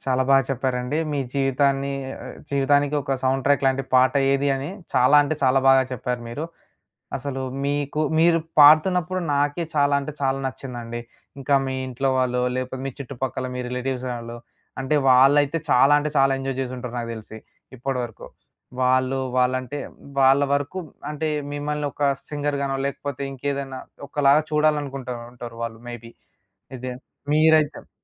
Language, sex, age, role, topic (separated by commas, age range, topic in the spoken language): Telugu, male, 20-24, host, మీ జీవితానికి నేపథ్య సంగీతంలా అనిపించే పాట ఏది?